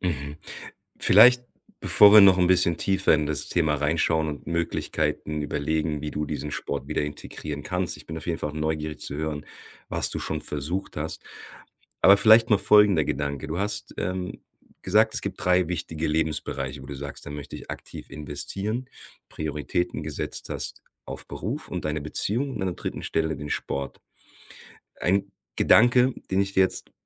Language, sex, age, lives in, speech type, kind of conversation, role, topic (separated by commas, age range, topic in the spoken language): German, male, 40-44, Germany, conversation, advice, advisor, Wie kann ich am besten damit anfangen, mehr Bewegung in meinen Tagesablauf einzubauen?